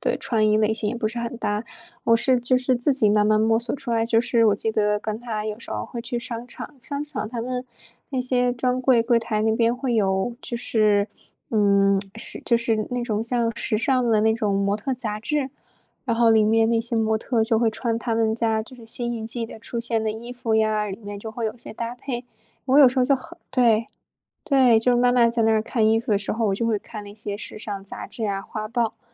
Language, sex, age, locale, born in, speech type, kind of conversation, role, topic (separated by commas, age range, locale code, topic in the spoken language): Chinese, female, 25-29, zh-CN, China, conversation, podcast, guest, 你觉得自己的穿衣风格是什么样的？